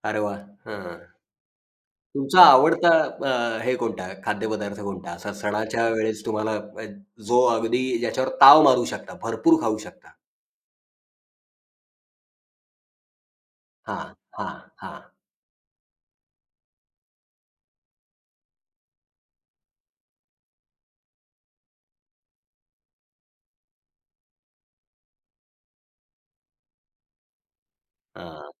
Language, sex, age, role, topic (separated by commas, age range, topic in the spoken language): Marathi, male, 40-44, host, सण-उत्सवात तुमच्या घरात नेहमी कोणते पदार्थ बनतात?